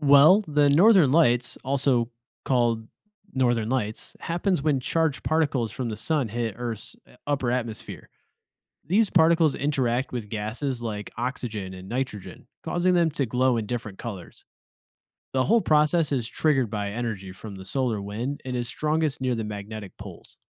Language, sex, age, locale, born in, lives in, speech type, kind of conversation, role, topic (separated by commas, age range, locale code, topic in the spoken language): English, male, 30-34, en-US, United States, United States, read, expert-assistant, expert, What causes the Northern Lights to appear?